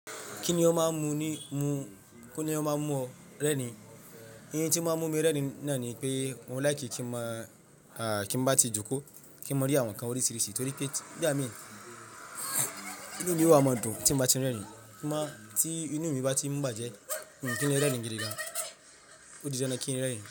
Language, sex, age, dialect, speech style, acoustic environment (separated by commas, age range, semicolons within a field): Yoruba, male, 25-34, Nigeria - Ibadan, happy; relaxed, traffic; silence